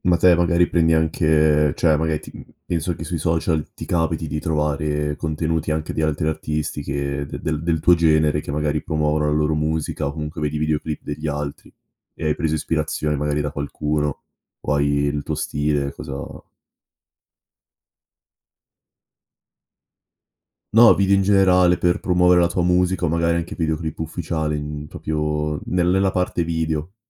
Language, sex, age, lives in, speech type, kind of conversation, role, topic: Italian, male, 18-19, Italy, conversation, podcast, host, Che rapporto hai con la musica nella vita di tutti i giorni?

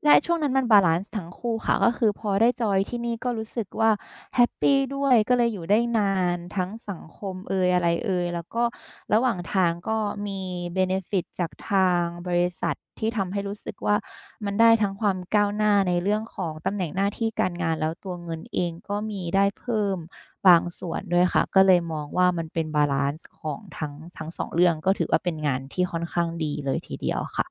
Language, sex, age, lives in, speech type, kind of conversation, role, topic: Thai, female, 30-34, Thailand, conversation, podcast, guest, คุณจะเลือกงานแบบไหนเมื่อจำเป็นต้องเลือกระหว่างเงินกับความสุข?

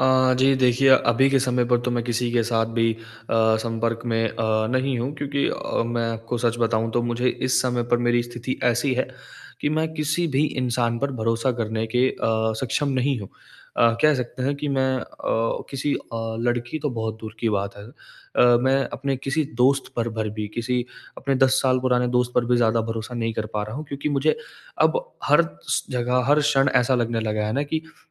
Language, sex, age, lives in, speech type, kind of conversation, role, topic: Hindi, male, 20-24, India, conversation, advice, user, ब्रेकअप के बाद मैं अपनी पहचान कैसे दोबारा बनाऊँ और स्वस्थ सीमाएँ कैसे स्थापित करूँ?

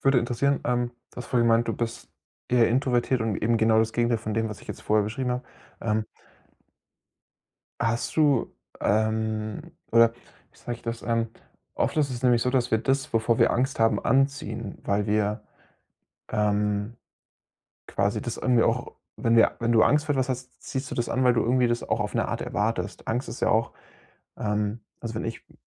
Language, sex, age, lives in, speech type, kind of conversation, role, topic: German, male, 25-29, Germany, conversation, advice, advisor, Wie gehe ich mit Neid und Erwartungsdruck in meinem Umfeld um?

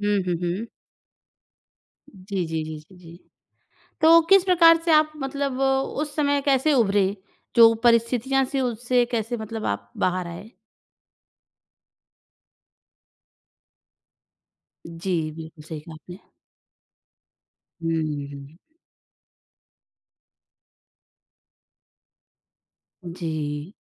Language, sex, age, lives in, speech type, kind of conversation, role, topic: Hindi, female, 30-34, India, conversation, podcast, host, आप अकेलेपन से निपटने के लिए क्या करते हैं?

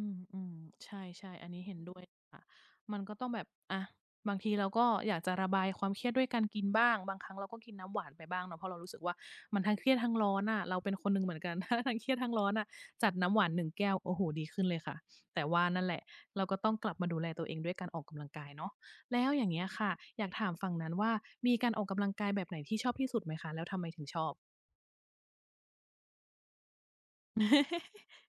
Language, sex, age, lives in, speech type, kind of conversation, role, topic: Thai, female, 40-44, Thailand, conversation, unstructured, none, คุณคิดว่าการออกกำลังกายช่วยให้ชีวิตดีขึ้นอย่างไร?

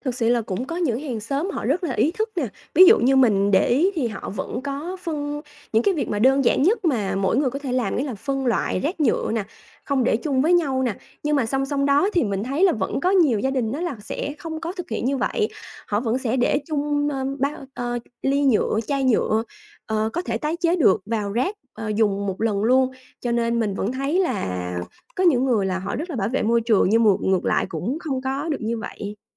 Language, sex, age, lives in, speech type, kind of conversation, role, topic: Vietnamese, female, 30-34, Vietnam, conversation, podcast, guest, Bạn làm gì để bảo vệ môi trường xung quanh mình?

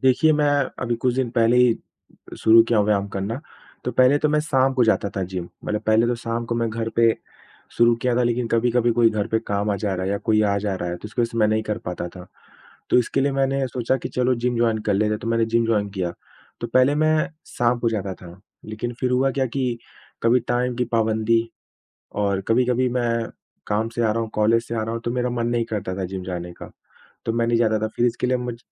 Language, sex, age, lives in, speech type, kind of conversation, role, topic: Hindi, male, 25-29, India, conversation, advice, user, नियमित व्यायाम जारी रखने के लिए प्रेरणा की कमी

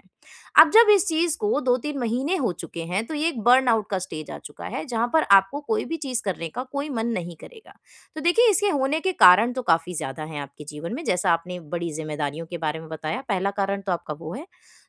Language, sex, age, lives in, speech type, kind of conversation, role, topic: Hindi, female, 20-24, India, conversation, advice, advisor, थकान और बर्नआउट में फर्क कैसे पहचानूँ?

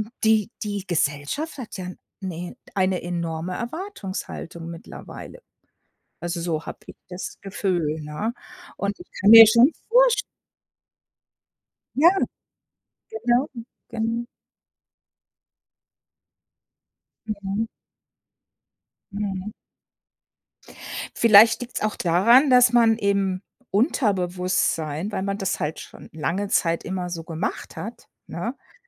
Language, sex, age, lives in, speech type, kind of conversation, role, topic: German, female, 55-59, United States, conversation, advice, advisor, Wie kann ich mein negatives Selbstgespräch im Alltag stoppen?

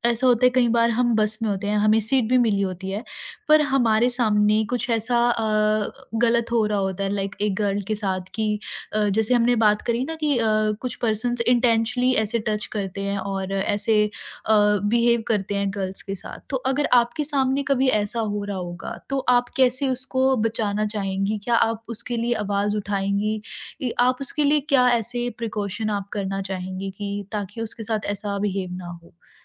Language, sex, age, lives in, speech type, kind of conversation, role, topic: Hindi, female, 40-44, India, conversation, podcast, host, Public transport ya bheed-bhaad wali jagahon mein apni personal space ko safely kaise signal karein?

